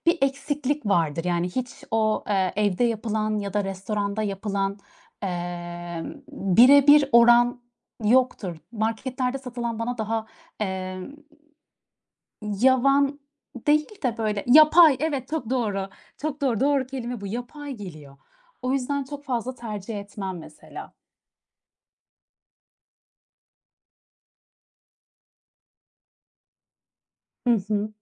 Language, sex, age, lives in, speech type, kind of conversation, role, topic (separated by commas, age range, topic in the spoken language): Turkish, female, 30-34, Netherlands, conversation, unstructured, none, En sevdiğin yemek hangisi ve onu neden seviyorsun?